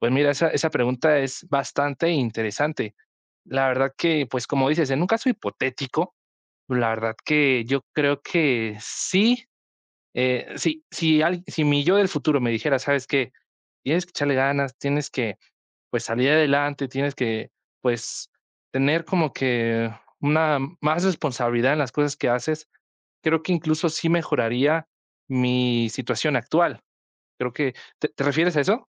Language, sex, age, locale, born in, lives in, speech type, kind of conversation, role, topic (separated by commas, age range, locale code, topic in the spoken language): Spanish, male, 20-24, es-MX, Mexico, Mexico, conversation, podcast, guest, ¿Qué le dirías a tu yo de 15 años sobre la escuela?